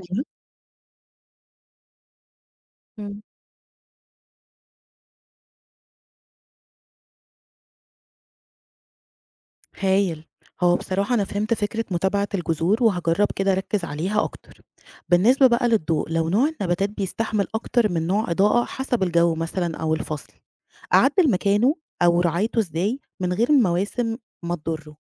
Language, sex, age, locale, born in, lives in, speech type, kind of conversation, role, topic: Arabic, female, 35-39, ar-EG, Egypt, Egypt, read, expert-assistant, asker, ليه في ناس بتقول إن النباتات الداخلية بتحتاج ضوء شمس مباشر، وناس تانية بتقول العكس؟ إيه الحقيقة وإزاي أفرق بين أنواع النباتات اللي فعلاً محتاجة شمس واللي لأ؟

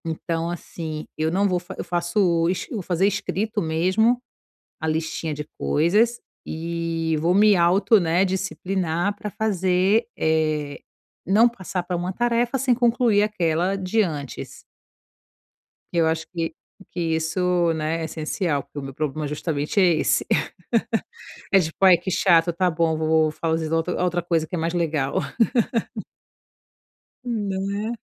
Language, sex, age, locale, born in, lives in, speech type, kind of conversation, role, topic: Portuguese, female, 35-39, pt-BR, Brazil, Italy, conversation, advice, user, Como posso me concentrar em uma tarefa por vez?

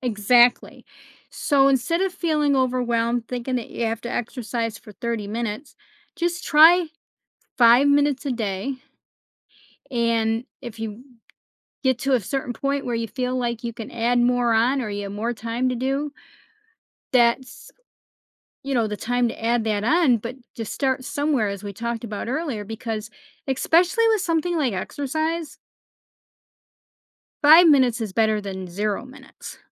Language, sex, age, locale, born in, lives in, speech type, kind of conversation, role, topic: English, female, 55-59, en-US, United States, United States, conversation, podcast, guest, What habits help people grow the most?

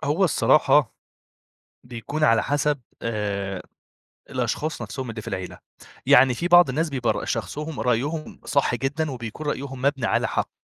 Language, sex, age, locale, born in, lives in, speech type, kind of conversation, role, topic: Arabic, male, 25-29, ar-EG, Egypt, Egypt, conversation, podcast, guest, إزاي تختار بين إنك تلتزم بالتقاليد وإنك تاخد قرار شخصي؟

